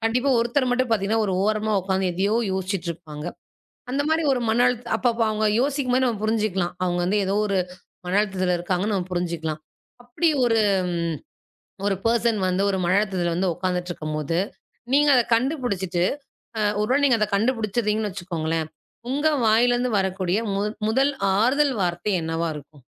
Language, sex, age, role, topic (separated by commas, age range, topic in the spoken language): Tamil, female, 35-39, host, மனஅழுத்தம் வந்தபோது நீங்கள் பொதுவாக என்ன செய்கிறீர்கள்?